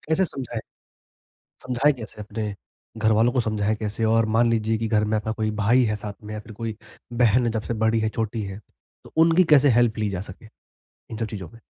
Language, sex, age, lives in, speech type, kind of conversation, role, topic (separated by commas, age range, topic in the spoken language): Hindi, male, 20-24, India, conversation, podcast, host, Mental health ko lekar older aur younger generations ka nazariya kaisa hai?